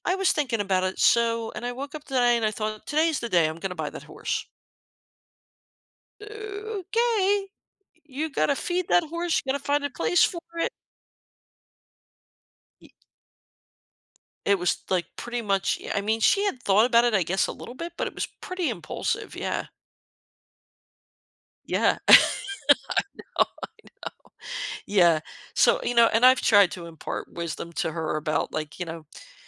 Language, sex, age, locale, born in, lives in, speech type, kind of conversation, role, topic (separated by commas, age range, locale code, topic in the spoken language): English, female, 55-59, en-US, United States, United States, conversation, podcast, guest, What factors influence impulse buying and how can people manage them?